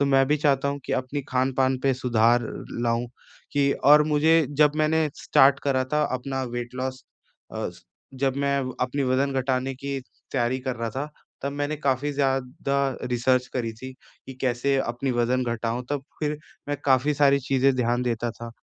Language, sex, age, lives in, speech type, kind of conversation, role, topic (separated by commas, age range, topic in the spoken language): Hindi, male, 20-24, India, conversation, advice, user, मैं प्रसंस्कृत भोजन की मात्रा कैसे घटा सकता/सकती हूँ?